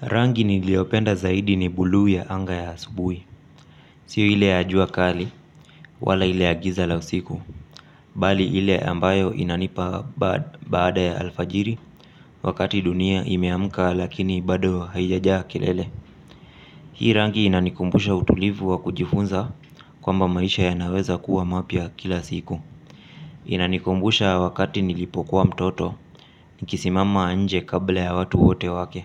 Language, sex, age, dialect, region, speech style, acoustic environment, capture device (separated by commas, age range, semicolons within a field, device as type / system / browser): Swahili, male, 25-34, Kenya - Mombasa, Kenya, relaxed, silence; appliances; traffic, mobile / Linux / Chrome